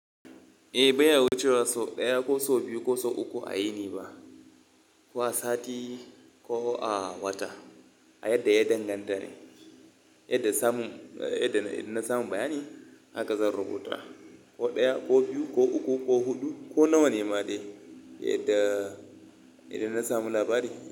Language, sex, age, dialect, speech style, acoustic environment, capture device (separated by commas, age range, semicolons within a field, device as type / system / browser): Hausa, male, 25-34, Nigeria - Kano, focused; happy, silence, mobile / Linux / Chrome